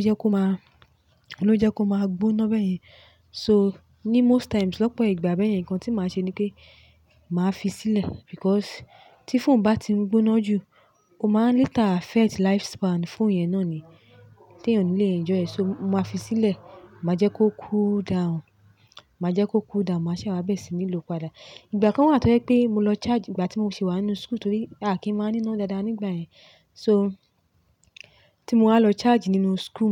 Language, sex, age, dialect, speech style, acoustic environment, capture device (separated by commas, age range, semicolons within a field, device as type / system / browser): Yoruba, female, 25-34, Nigeria - Lagos Yoruba, relaxed, nature, mobile / Linux / Chrome